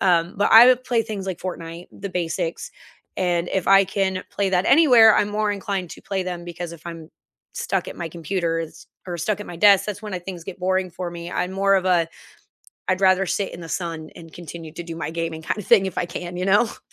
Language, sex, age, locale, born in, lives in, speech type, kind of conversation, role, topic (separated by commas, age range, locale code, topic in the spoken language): English, female, 30-34, en-US, United States, United States, conversation, unstructured, none, What emerging technology are you curious about but haven’t tried yet?